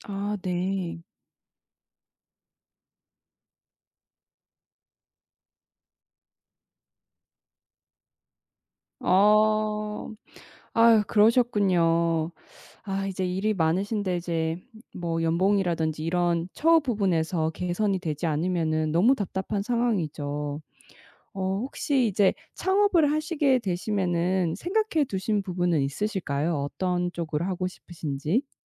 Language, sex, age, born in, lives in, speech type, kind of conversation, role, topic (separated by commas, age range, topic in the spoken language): Korean, female, 30-34, South Korea, United States, conversation, advice, advisor, 퇴사하고 창업할지 망설일 때 어떻게 결정해야 할까요?